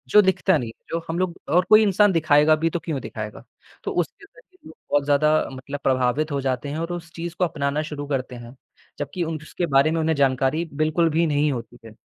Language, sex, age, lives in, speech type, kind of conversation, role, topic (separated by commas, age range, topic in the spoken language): Hindi, male, 18-19, India, conversation, podcast, guest, सोशल मीडिया आपके पहनावे और स्टाइल को कैसे बदलता है?